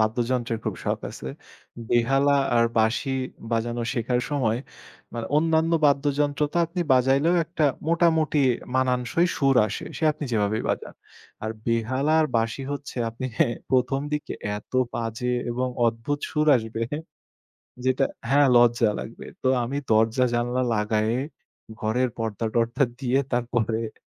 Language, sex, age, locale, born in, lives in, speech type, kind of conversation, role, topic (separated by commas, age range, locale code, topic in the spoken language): Bengali, male, 25-29, bn-BD, Bangladesh, Bangladesh, conversation, podcast, guest, নতুন কোনো দক্ষতা শিখতে আপনি কী কী কৌশল ব্যবহার করেন?